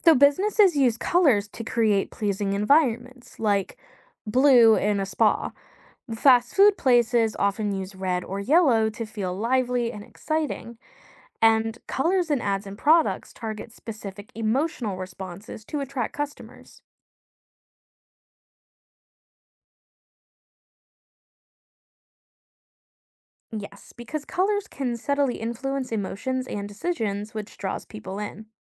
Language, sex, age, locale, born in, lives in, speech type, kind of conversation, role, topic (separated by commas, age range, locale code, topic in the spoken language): English, female, 25-29, en-US, United States, United States, read, expert-assistant, expert, What are the psychological effects of color on mood?